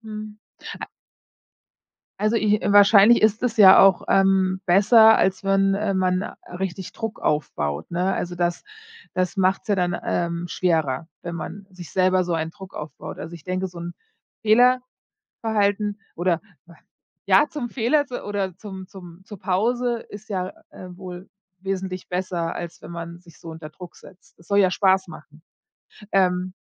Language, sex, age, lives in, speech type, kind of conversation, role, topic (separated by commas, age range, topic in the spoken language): German, female, 40-44, United States, conversation, podcast, host, Wie bleibst du bei neuen Gewohnheiten langfristig dran?